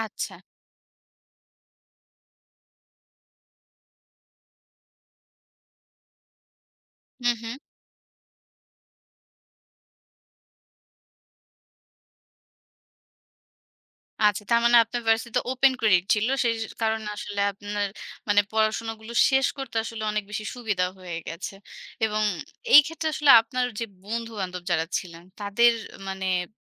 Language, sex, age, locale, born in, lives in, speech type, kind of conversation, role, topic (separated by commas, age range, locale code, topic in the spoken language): Bengali, female, 30-34, bn-BD, Bangladesh, Bangladesh, conversation, podcast, host, ব্যর্থতা থেকে ঘুরে দাঁড়াতে আপনার কৌশল কী?